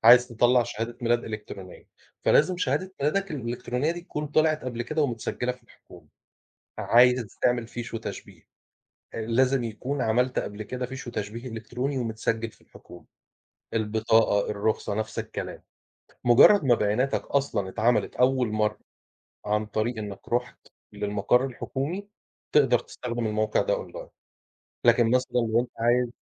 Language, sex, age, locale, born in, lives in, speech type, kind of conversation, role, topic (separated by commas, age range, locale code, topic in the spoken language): Arabic, male, 40-44, ar-EG, Egypt, Portugal, conversation, unstructured, none, إيه رأيك في دور الحكومة في حياة الناس اليومية؟